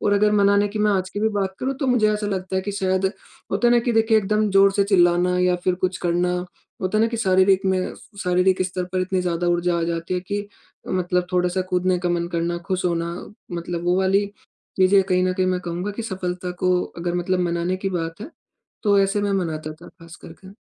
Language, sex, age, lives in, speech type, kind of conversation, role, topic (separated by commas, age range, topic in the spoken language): Hindi, male, 20-24, India, conversation, advice, user, मैं छोटी सफलताओं को कैसे पहचानूँ और उन्हें कैसे मनाऊँ?